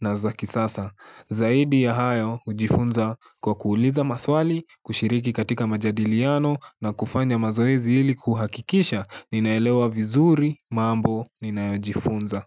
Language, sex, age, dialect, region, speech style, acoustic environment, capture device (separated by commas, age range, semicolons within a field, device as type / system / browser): Swahili, male, 18-24, Kenya - Nairobi Sheng-influenced, Kenya, focused; relaxed, silence, mobile / Linux / Chrome